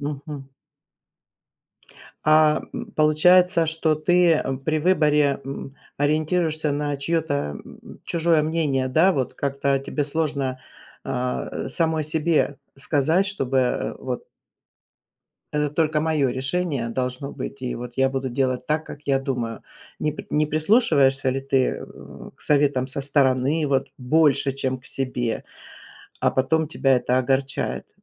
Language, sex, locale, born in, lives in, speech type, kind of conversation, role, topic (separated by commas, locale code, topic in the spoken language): Russian, female, ru-RU, Russia, United States, conversation, advice, advisor, Почему я постоянно беспокоюсь, когда выбираю между вариантами, и боюсь упустить что-то важное?